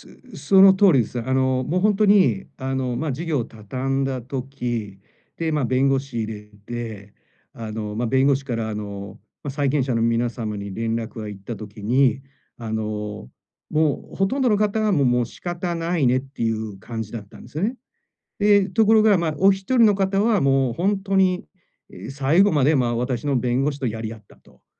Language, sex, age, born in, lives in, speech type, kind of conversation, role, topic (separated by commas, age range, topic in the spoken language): Japanese, male, 45-49, Japan, Japan, conversation, advice, user, 返済力を高めるために、予算はどのように管理すればよいですか？